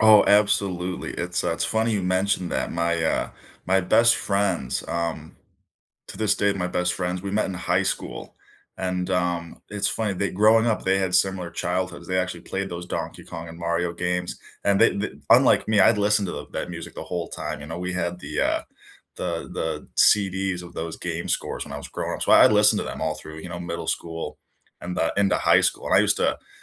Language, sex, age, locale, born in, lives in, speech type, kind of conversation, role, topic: English, male, 25-29, en-US, United States, United States, conversation, unstructured, none, Which movie, TV series, or video game soundtrack do you love to listen to on its own, and what memories does it bring back for you?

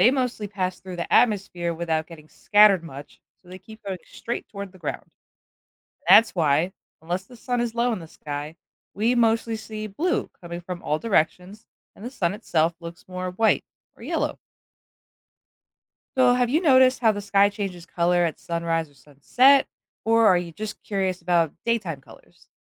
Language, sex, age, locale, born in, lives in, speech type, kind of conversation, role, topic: English, female, 25-29, en-US, United States, United States, read, expert-assistant, expert, What makes the sky look blue during the day?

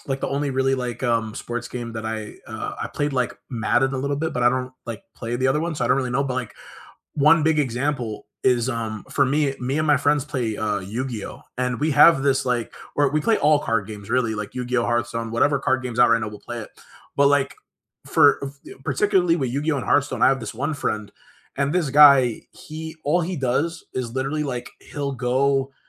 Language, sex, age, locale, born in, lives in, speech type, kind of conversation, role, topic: English, male, 25-29, en-US, United States, United States, conversation, unstructured, none, Which video games have brought you and your friends together, and which have strained your connections?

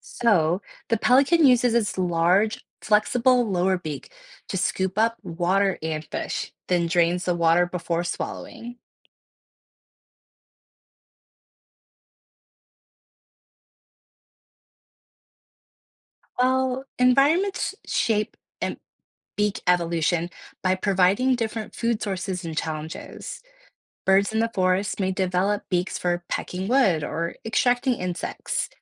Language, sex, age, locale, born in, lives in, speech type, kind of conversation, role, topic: English, female, 30-34, en-US, United States, United States, read, expert-assistant, expert, Why do different birds have different beaks?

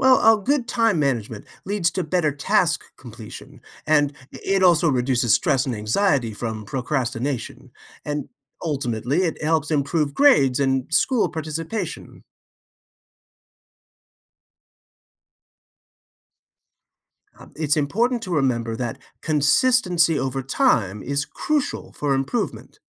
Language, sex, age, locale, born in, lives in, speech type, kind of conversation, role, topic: English, male, 55-59, en-US, United States, United States, read, expert-assistant, expert, What are some strategies for helping kids manage their time?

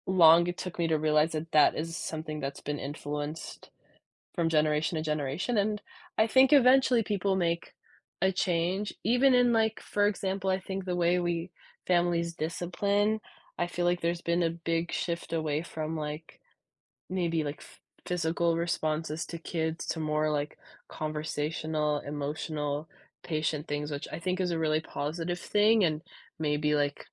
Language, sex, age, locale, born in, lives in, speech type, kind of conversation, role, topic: English, female, 20-24, en-US, United States, United States, conversation, podcast, guest, In what ways do family or cultural traditions influence who we become?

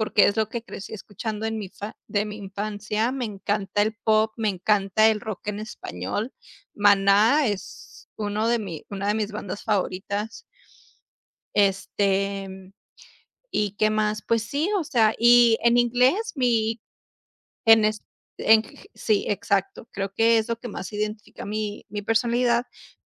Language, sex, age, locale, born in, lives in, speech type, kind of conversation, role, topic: Spanish, female, 30-34, es-US, United States, United States, conversation, unstructured, none, ¿Qué papel juega la música en tu forma de expresarte?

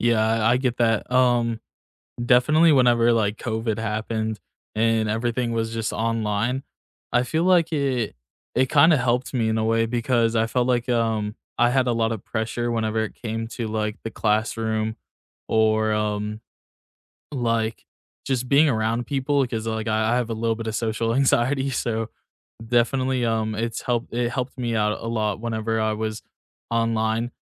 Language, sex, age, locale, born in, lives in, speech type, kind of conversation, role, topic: English, male, 20-24, en-US, United States, United States, conversation, unstructured, none, How has classroom technology helped or hindered your learning, and what moments shaped your view?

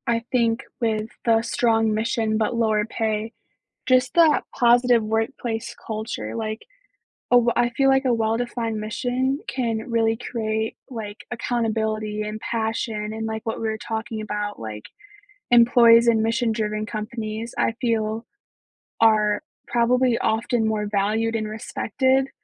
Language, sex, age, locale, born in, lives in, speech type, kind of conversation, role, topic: English, female, 18-19, en-US, United States, United States, conversation, unstructured, none, Would you rather work for a company with a strong mission but low pay, or for one that is profitable but lacks purpose?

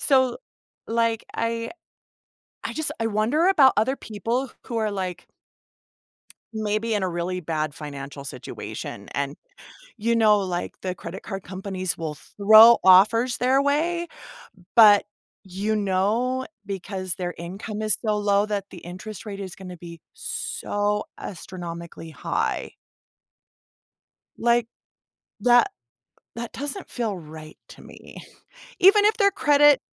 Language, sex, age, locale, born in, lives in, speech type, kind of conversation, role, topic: English, female, 40-44, en-US, United States, United States, conversation, unstructured, none, How do high interest rates on credit cards impact people's financial decisions?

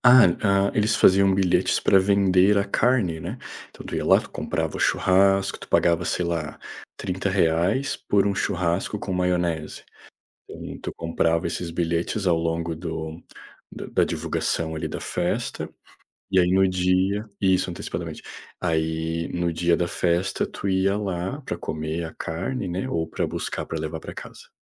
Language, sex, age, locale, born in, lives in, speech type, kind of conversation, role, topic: Portuguese, male, 30-34, pt-BR, Brazil, Portugal, conversation, unstructured, none, Qual é a tradição cultural que mais te faz feliz?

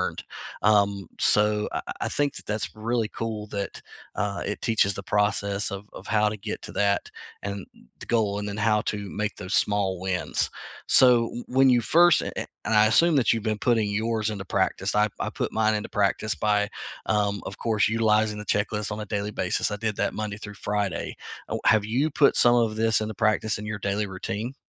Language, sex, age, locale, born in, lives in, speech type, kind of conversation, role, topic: English, male, 40-44, en-US, United States, United States, conversation, unstructured, none, What is something new you learned this month, and how has it shown up in your life?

